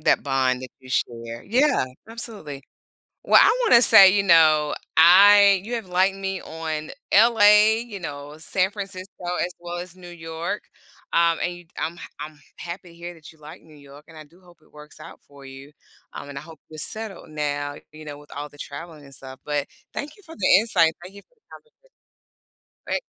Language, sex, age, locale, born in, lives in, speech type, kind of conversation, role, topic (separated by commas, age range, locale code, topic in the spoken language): English, female, 45-49, en-US, United States, United States, conversation, podcast, host, How can small acts of kindness strengthen our connections with neighbors?